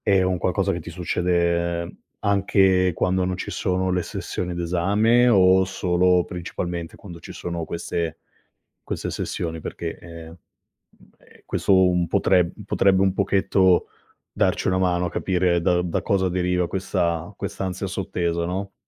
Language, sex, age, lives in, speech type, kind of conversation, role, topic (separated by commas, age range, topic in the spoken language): Italian, male, 35-39, Italy, conversation, advice, advisor, Come posso gestire l’insonnia legata all’ansia e ai pensieri ricorrenti prima di addormentarmi?